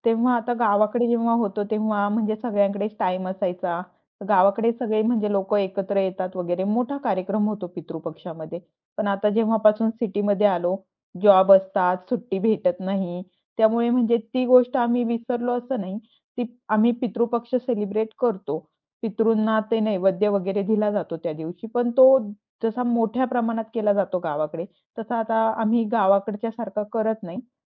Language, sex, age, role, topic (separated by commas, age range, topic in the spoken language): Marathi, female, 25-29, guest, संस्कार आणि आधुनिकतेमध्ये संतुलन कसे साधता?